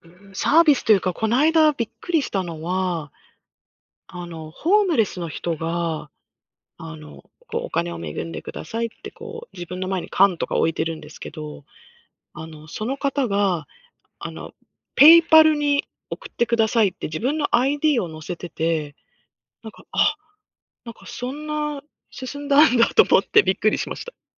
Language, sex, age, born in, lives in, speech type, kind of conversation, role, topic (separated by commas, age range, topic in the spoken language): Japanese, female, 50-54, Japan, United States, conversation, unstructured, none, 漫画やアニメの魅力は何だと思いますか？